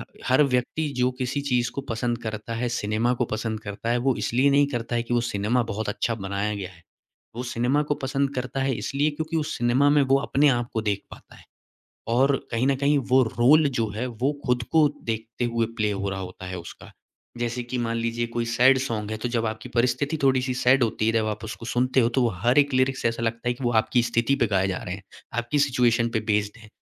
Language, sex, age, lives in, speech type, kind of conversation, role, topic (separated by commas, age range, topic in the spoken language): Hindi, male, 25-29, India, conversation, podcast, guest, Kaunsi cheez ne tumhe sabse zyada curious banaya?